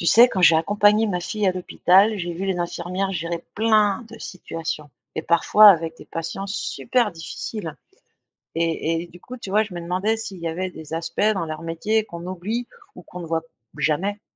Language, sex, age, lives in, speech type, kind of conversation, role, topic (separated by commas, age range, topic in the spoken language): French, female, 50-54, France, read, expert-assistant, asker, Qu’est-ce qu’on oublie souvent quand on parle du métier d’infirmière ?